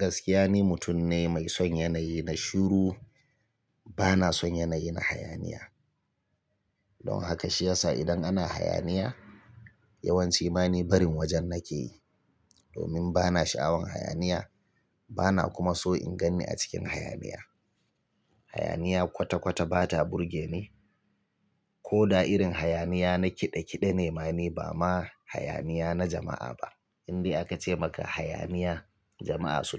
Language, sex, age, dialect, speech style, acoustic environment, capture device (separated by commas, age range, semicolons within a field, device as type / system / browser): Hausa, male, 35-44, Nigeria - Kano, relaxed; tired, silence, mobile / Linux / Chrome